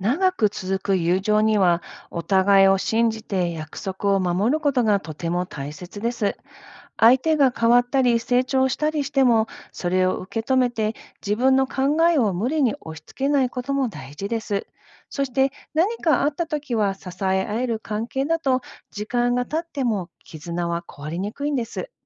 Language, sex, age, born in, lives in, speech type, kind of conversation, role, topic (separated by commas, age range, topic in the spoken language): Japanese, female, 50-54, Japan, United States, read, expert-assistant, expert, 友情はどのようにして生まれるのか